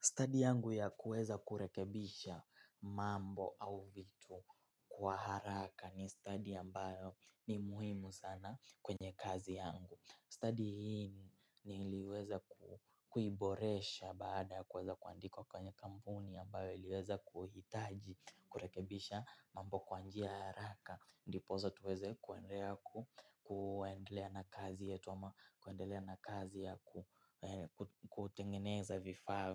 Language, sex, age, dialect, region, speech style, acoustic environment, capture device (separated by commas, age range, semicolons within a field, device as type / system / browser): Swahili, male, 18-24, Kenya - Mombasa, Kenya, focused; excited; happy; relaxed, silence, mobile / Linux / Chrome